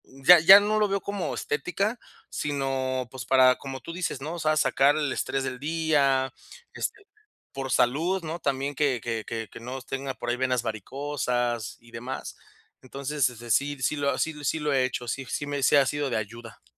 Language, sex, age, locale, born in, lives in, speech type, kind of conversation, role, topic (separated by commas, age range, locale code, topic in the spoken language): Spanish, male, 30-34, es-MX, Mexico, Mexico, conversation, advice, user, ¿Cómo puedo manejar la ansiedad cuando enfrento incertidumbre sobre lo que pasará?